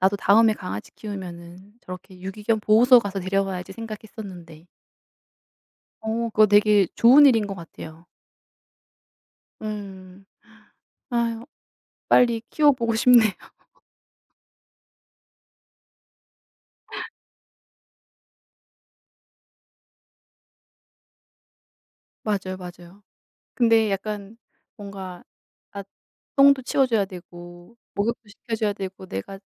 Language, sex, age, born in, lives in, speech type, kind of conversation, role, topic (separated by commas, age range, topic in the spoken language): Korean, female, 35-39, South Korea, South Korea, conversation, unstructured, none, 동물을 키우면서 겪은 재미있는 에피소드가 있나요?